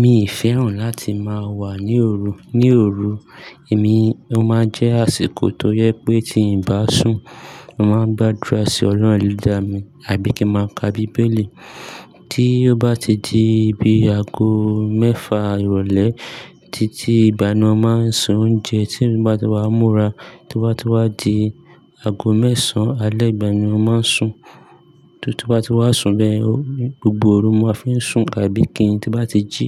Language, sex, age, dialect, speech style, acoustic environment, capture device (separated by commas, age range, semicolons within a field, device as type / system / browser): Yoruba, male, 25-34, Nigeria - Lagos Yoruba, happy, people talking; nature, mobile / Linux / Chrome